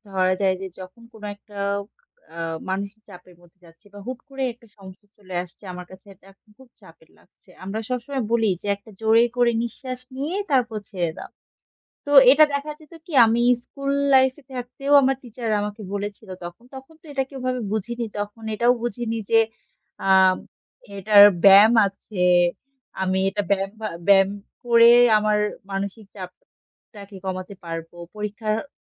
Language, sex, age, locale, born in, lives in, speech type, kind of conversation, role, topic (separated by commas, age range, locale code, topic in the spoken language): Bengali, female, 25-29, bn-BD, Bangladesh, Bangladesh, conversation, podcast, guest, তুমি কীভাবে মানসিক চাপ কমানোর চেষ্টা করো?